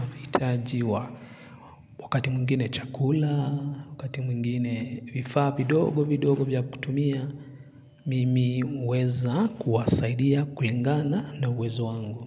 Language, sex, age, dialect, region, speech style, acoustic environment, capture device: Swahili, male, 25-34, Kenya - Nairobi Sheng-influenced, Kenya, happy; relaxed; excited; focused, silence, mobile / Linux / Chrome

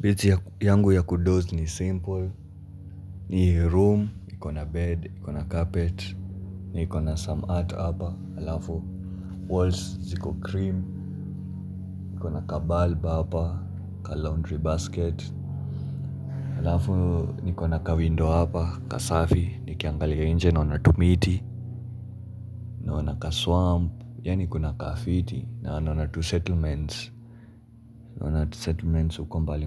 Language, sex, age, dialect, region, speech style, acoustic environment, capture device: Swahili, male, 18-24, Kenya - Nairobi Sheng-influenced, Kenya, relaxed; focused, silence; nature; people talking, mobile / Linux / Chrome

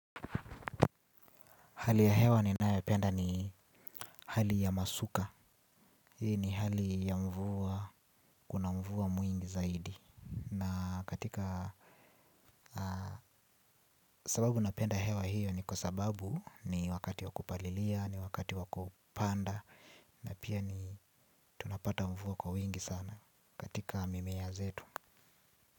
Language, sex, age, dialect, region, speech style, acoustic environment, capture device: Swahili, male, 18-24, Kenya - Nairobi Sheng-influenced, Kenya, relaxed, appliances, mobile / Linux / Chrome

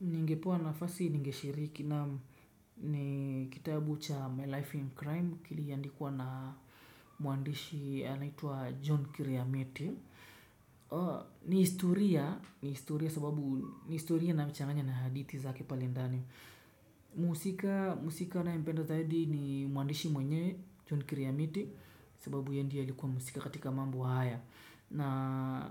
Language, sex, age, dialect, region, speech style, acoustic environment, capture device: Swahili, male, 25-34, Kenya - Mombasa, Kenya, relaxed, nature; silence, desktop / Windows / Chrome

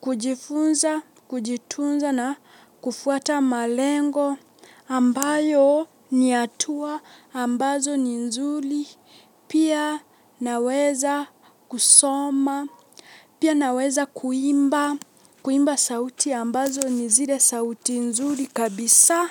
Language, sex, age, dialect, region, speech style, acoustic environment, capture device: Swahili, female, 18-24, Kenya - Mombasa, Kenya, relaxed, silence, mobile / Linux / Chrome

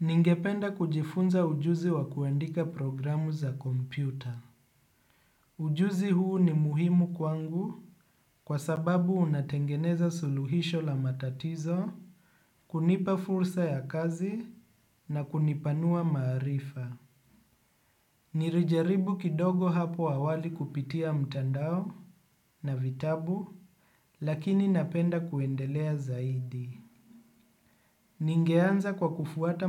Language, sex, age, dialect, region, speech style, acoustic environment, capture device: Swahili, male, 25-34, Kenya - Nairobi Sheng-influenced, Kenya, relaxed, appliances, desktop / Windows / Chrome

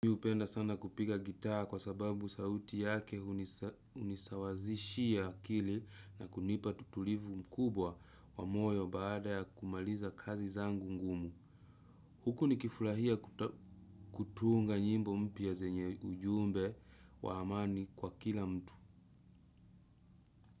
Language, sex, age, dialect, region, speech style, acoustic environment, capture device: Swahili, male, 25-34, Kenya - Nairobi Sheng-influenced, Kenya, relaxed, nature, desktop / Windows / Chrome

